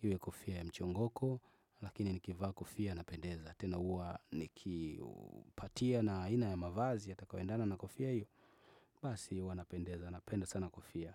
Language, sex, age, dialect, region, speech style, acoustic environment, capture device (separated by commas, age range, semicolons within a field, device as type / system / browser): Swahili, male, 25-34, Tanzania - Dar es Salaam, Tanzania, focused, nature, desktop / Windows / Chrome